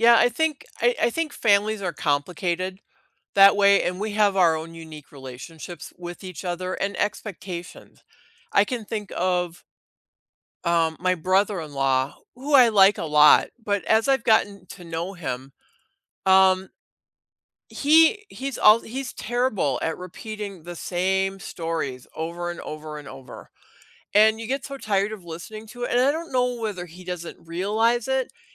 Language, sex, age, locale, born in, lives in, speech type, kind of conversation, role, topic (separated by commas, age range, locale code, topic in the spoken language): English, female, 60-64, en-US, United States, United States, conversation, unstructured, none, How can you build trust in a relationship through everyday honesty, reliability, and small, consistent actions?